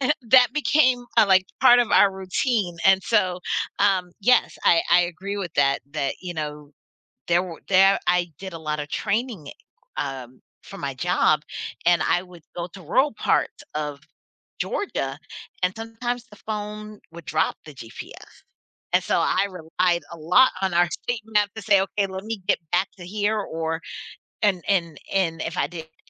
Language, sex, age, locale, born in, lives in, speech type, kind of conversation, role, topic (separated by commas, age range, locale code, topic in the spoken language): English, female, 40-44, en-US, United States, United States, conversation, podcast, host, How can relying too much on technology affect our daily lives and well-being?